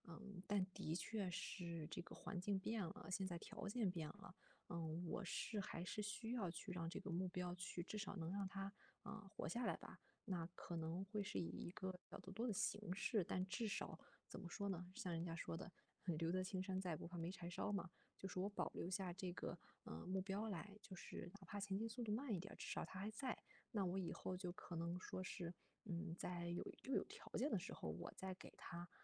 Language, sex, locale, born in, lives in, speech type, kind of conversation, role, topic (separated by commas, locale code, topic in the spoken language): Chinese, female, zh-CN, China, Germany, conversation, advice, user, 在生活发生变化时，我该如何调整自己的目标？